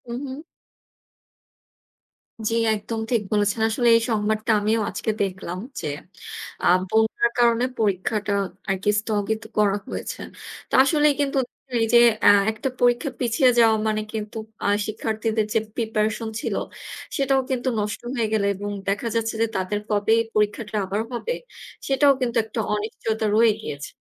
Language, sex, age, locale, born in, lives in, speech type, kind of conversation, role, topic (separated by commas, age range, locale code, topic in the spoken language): Bengali, female, 25-29, bn-BD, Bangladesh, Bangladesh, conversation, unstructured, none, আপনার মতে অনলাইন শিক্ষা আসলে কতটা কার্যকর?